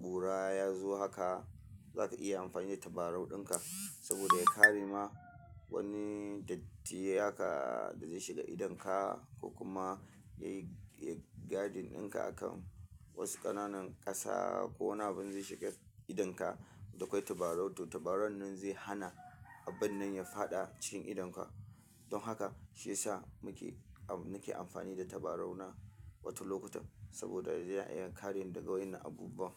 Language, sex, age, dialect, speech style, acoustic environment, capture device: Hausa, male, 25-34, Nigeria - Kano, relaxed, silence, mobile / Linux / Chrome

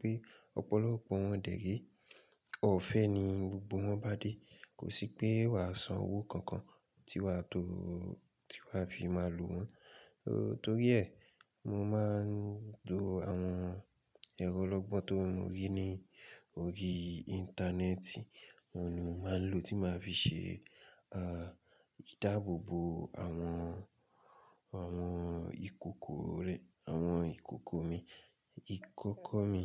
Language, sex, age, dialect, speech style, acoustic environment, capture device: Yoruba, male, 25-34, Nigeria - Ibadan, relaxed, silence, mobile / Linux / Chrome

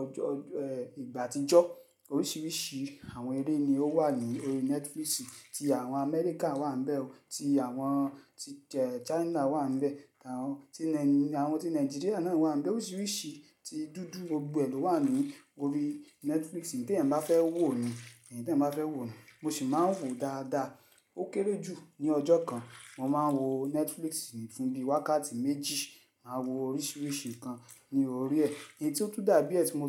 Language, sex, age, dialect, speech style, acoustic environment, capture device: Yoruba, male, 35-44, Nigeria - Lagos Yoruba, happy; excited; focused, silence, mobile / Linux / Chrome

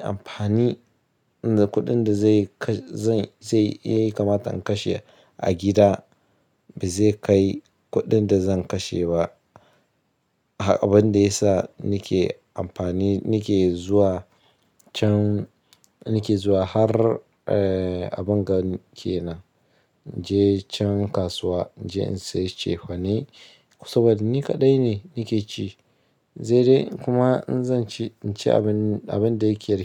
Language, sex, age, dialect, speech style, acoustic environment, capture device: Hausa, male, 25-34, Nigeria - Ibadan, anxious; happy; tired; stressed, nature; silence; appliances, mobile / Linux / Chrome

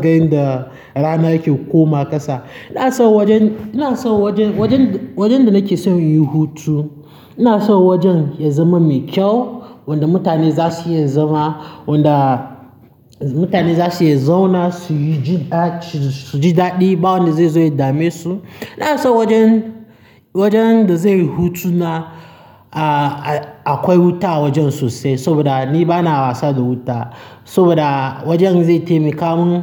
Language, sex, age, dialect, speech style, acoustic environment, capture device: Hausa, male, 18-24, Nigeria - Nigerian Standard, happy; nervous, people talking; traffic; construction, mobile / Linux / Chrome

